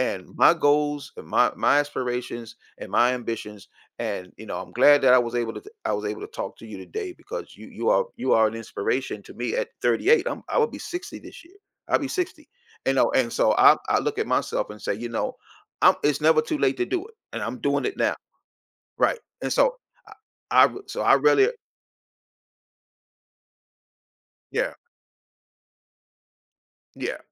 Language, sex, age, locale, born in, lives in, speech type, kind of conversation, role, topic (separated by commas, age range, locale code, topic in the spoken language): English, male, 55-59, en-US, United States, United States, conversation, podcast, host, How do you think your choices today will shape your future?